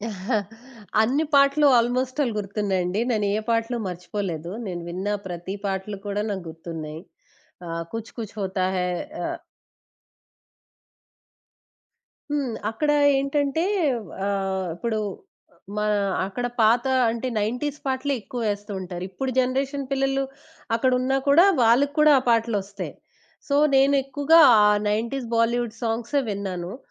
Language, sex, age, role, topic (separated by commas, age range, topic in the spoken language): Telugu, female, 20-24, guest, మీకు సినిమా పాటలతో ఇంత అనుబంధం ఎలా మొదలైంది?